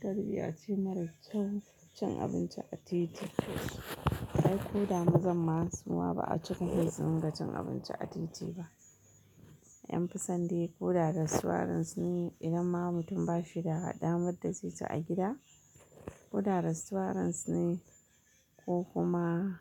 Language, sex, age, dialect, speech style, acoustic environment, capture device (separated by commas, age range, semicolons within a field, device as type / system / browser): Hausa, female, 35-44, Nigeria - Kano, happy, nature, mobile / Linux / Chrome